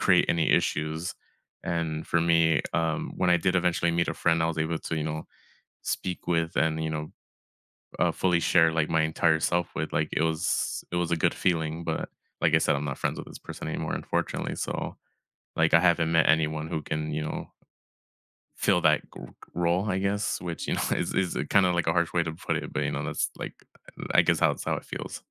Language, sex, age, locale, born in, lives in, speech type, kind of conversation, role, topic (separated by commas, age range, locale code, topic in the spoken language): English, male, 25-29, en-US, United States, United States, conversation, unstructured, none, Have you ever felt like you had to hide who you are?